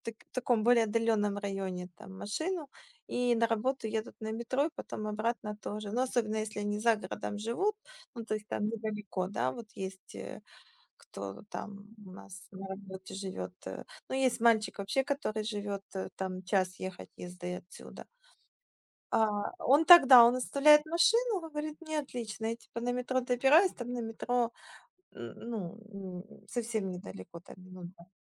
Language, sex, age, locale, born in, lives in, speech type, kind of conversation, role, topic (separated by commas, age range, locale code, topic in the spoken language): Russian, female, 35-39, ru-UA, Ukraine, Spain, conversation, unstructured, none, Как вы относитесь к использованию автомобиля по сравнению с общественным транспортом?